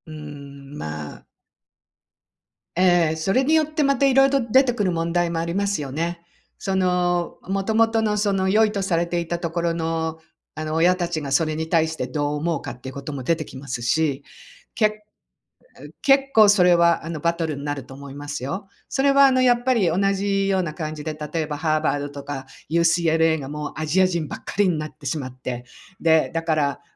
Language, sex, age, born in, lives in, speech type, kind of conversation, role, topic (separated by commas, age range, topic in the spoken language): Japanese, female, 60-64, Japan, United States, conversation, unstructured, none, なぜ一部の人だけが豊かになれるのでしょうか？